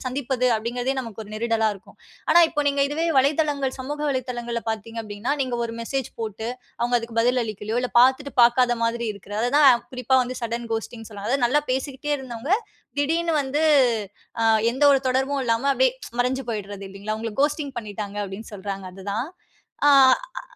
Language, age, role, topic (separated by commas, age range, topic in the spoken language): Tamil, 30-34, guest, சமூக வலைதளங்கள் நட்புகளை எப்படிப் மாற்றுகின்றன?